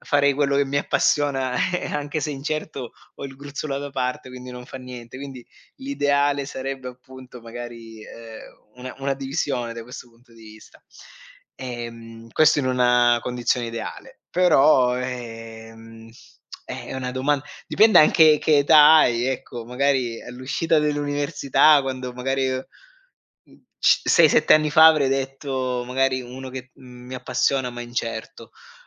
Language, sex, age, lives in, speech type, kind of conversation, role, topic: Italian, male, 40-44, Germany, conversation, podcast, guest, Preferisci un lavoro stabile o uno che ti appassiona ma incerto?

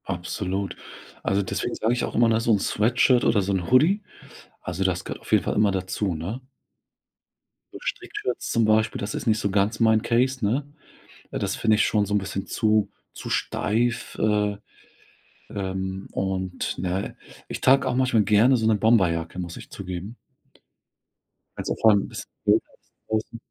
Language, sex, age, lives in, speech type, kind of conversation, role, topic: German, male, 40-44, Germany, conversation, podcast, guest, Wie passt du dein Auftreten vor einem wichtigen Termin an?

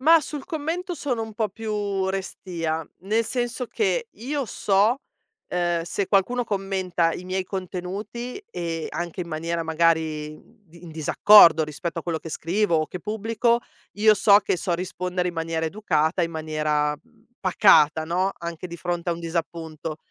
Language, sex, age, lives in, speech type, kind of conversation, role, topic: Italian, female, 40-44, Italy, conversation, podcast, guest, Qual è il ruolo dei social nel tuo modo di esprimerti?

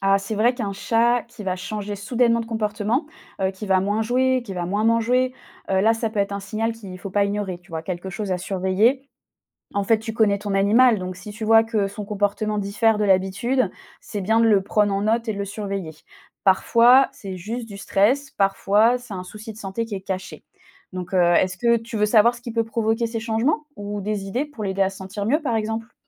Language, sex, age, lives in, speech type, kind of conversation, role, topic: French, female, 25-29, France, read, expert-assistant, expert, Comment s’y retrouver parmi les conseils sur la santé et l’alimentation des chats ?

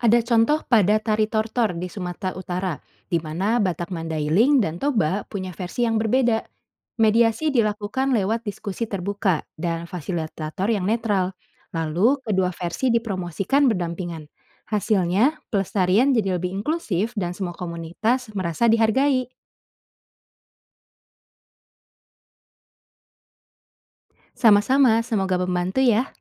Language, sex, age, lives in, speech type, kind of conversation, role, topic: Indonesian, female, 25-29, Indonesia, read, expert-assistant, expert, Jika ada klaim tentang asal-usul suatu tarian daerah, bagaimana kita tahu sumbernya benar dan bisa dipercaya?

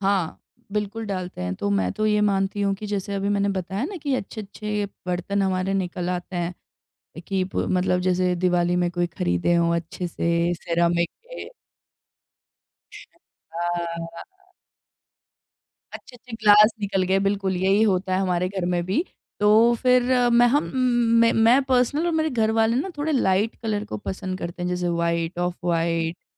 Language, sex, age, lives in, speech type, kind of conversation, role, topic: Hindi, female, 30-34, India, conversation, podcast, guest, Mehmaan aane par aapke ghar mein khana parosne ka andaaz kaisa hota hai?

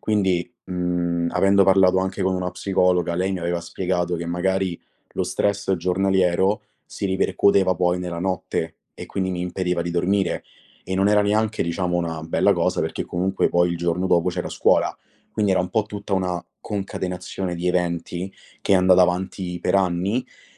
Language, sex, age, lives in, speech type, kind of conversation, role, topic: Italian, male, 25-29, Italy, conversation, podcast, guest, Come gestisci l’ansia che ti toglie il sonno?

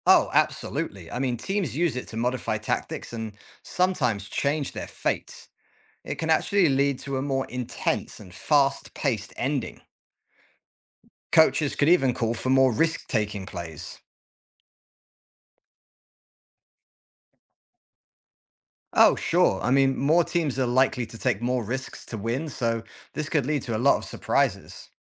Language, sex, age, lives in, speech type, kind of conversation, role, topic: English, male, 40-44, United States, read, expert-assistant, expert, How does the 2-minute warning work in football?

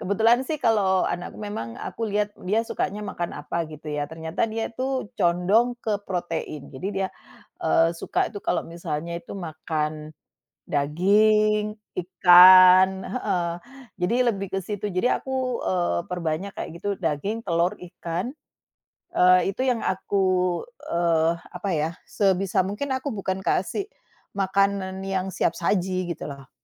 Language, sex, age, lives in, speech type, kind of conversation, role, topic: Indonesian, female, 45-49, Netherlands, conversation, unstructured, none, Bagaimana cara menumbuhkan kebiasaan hidup sehat pada anak-anak?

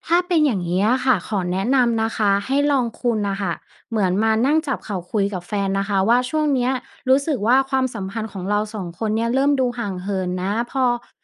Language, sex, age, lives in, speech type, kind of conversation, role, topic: Thai, female, 30-34, Thailand, conversation, advice, advisor, ควรทำอย่างไรเมื่อสงสัยว่าคู่ครองกำลังนอกใจ แต่ยังไม่มีหลักฐาน?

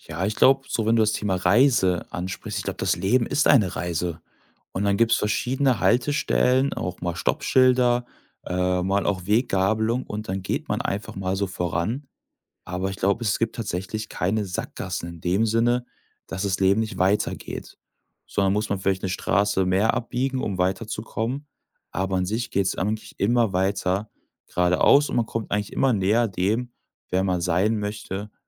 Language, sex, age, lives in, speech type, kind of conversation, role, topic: German, male, 25-29, Germany, conversation, podcast, guest, Was ist dir wichtiger: Sinn oder die Karriereleiter?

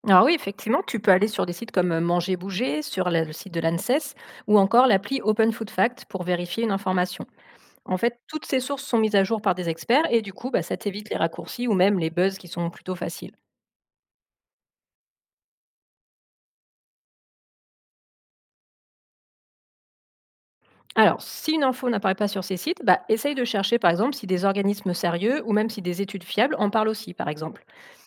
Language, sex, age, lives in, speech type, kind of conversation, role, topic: French, female, 35-39, France, read, expert-assistant, expert, Où trouver des infos vraiment fiables sur ce qu’on mange ?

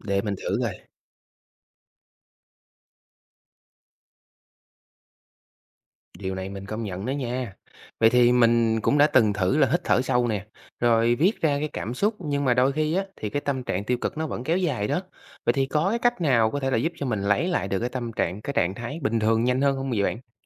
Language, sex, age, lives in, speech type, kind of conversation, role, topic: Vietnamese, male, 25-29, Vietnam, read, expert-assistant, asker, Bạn có cách nào để không bị ảnh hưởng quá nhiều bởi ý kiến của người khác trong công việc và cuộc sống?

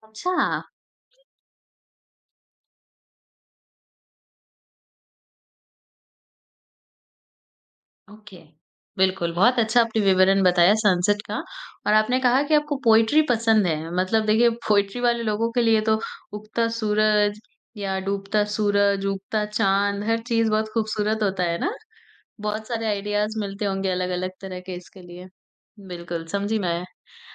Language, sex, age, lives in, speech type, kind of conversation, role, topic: Hindi, female, 40-44, India, conversation, podcast, host, Sunset dekhte waqt tumhare dimaag mein kya-kya khayal aate hain?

